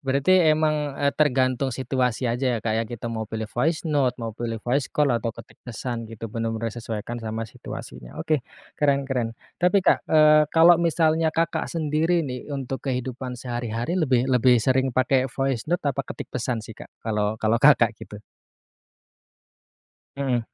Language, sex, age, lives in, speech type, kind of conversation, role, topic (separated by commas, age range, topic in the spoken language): Indonesian, male, 30-34, Indonesia, conversation, podcast, host, Kapan lebih tepat menggunakan pesan suara daripada mengetik pesan?